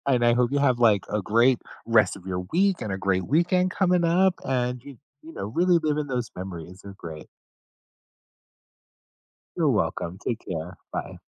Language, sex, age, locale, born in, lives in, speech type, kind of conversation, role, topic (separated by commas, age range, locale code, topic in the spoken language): English, male, 50-54, en-US, United States, United States, conversation, advice, advisor, How can I best prepare for the arrival of a new baby?